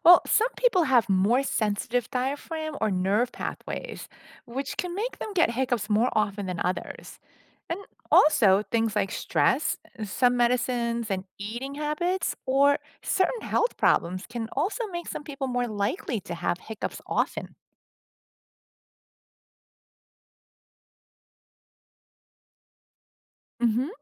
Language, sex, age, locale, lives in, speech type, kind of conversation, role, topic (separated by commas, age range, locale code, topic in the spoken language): English, female, 50-54, en-US, United States, read, expert-assistant, expert, What causes hiccups and how can they be managed?